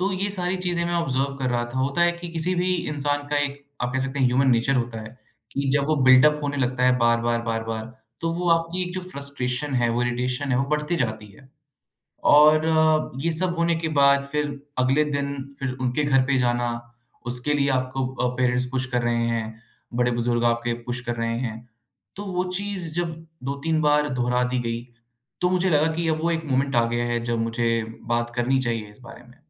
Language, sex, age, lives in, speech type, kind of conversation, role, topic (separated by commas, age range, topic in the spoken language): Hindi, male, 25-29, India, conversation, podcast, guest, Family mein boundaries set karna tumhare liye kaisa experience raha?